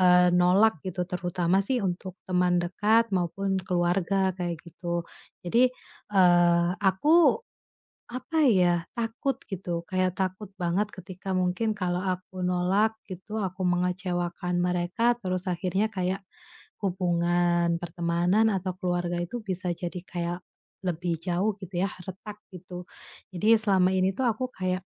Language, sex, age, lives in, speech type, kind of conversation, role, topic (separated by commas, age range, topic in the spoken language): Indonesian, female, 30-34, Indonesia, conversation, advice, user, Kapan kamu merasa sulit mengatakan tidak kepada permintaan teman atau kolega?